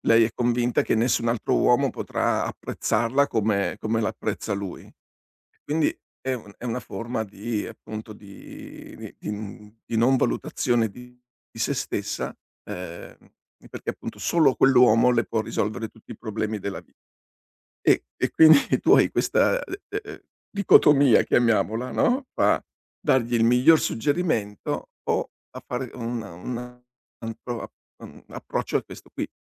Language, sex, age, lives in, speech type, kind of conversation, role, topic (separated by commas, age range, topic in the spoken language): Italian, male, 60-64, Italy, conversation, advice, advisor, Come posso affrontare la sofferenza per il rifiuto o il silenzio del mio ex?